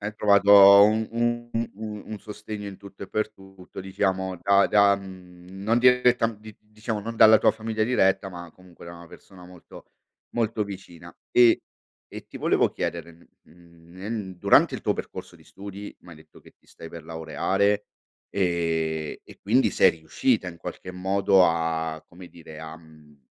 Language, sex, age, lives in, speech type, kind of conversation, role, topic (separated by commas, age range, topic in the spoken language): Italian, male, 45-49, Italy, conversation, podcast, host, Che peso ha l’opinione della famiglia nel tuo successo professionale?